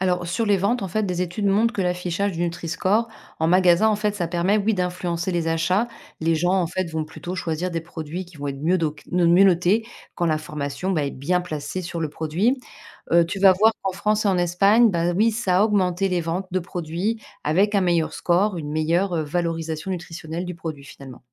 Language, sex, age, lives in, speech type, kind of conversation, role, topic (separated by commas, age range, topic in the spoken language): French, female, 40-44, France, read, expert-assistant, expert, Quelles sont les sources d’information les plus crédibles pour vérifier si un aliment est sûr à consommer, surtout face aux rumeurs sur les réseaux sociaux ?